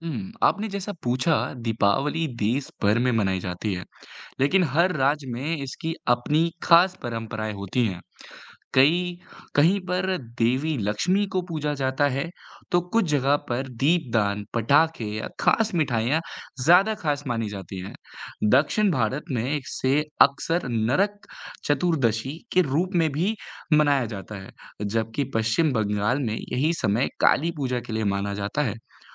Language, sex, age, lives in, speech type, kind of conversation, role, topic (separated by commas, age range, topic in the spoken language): Hindi, male, 18-19, India, read, expert-assistant, expert, हमारे देश में त्योहार क्यों मनाए जाते हैं?